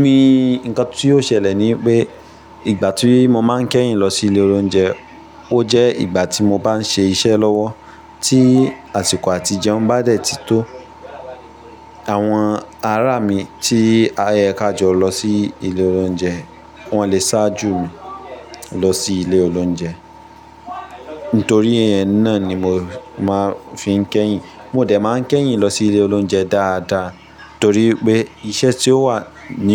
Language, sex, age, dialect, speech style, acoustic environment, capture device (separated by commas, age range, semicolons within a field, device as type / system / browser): Yoruba, male, 25-34, Nigeria - Ibadan, focused; happy; tired, people talking; nature; appliances, mobile / Linux / Chrome